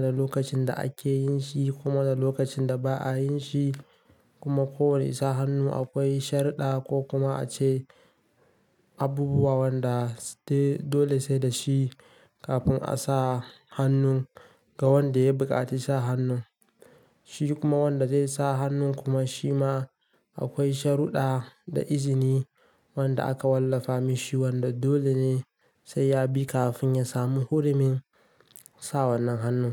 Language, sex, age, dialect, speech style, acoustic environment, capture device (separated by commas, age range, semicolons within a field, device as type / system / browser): Hausa, male, 18-24, Nigeria - Kano, relaxed, nature, mobile / Linux / Chrome